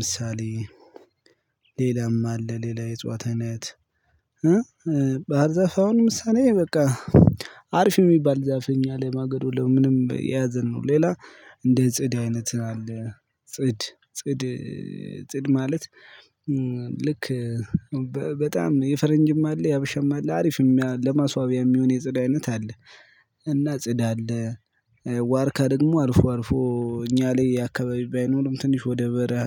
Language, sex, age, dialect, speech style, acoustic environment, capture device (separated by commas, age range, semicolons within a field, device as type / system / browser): Amharic, male, 25-34, Ethiopia - Gojjam, happy; relaxed, nature; construction; silence, mobile / Linux / Chrome